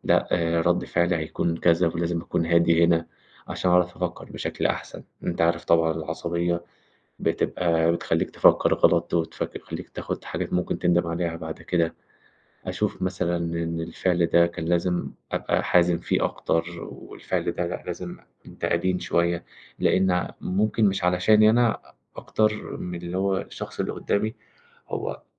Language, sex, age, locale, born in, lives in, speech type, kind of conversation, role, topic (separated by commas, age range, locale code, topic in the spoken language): Arabic, male, 20-24, ar-EG, Egypt, Egypt, conversation, unstructured, none, إزاي بتتعامل مع موقف بيحتاج إنك تاخد قرار أخلاقي صعب؟